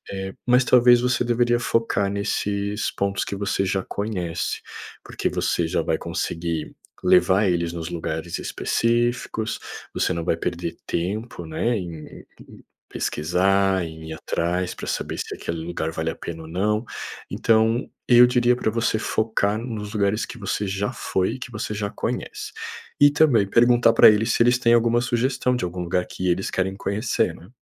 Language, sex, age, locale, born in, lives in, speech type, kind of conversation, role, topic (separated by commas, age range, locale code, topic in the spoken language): Portuguese, male, 30-34, pt-BR, Brazil, Portugal, conversation, advice, advisor, Como posso ter férias relaxantes apesar da logística complicada?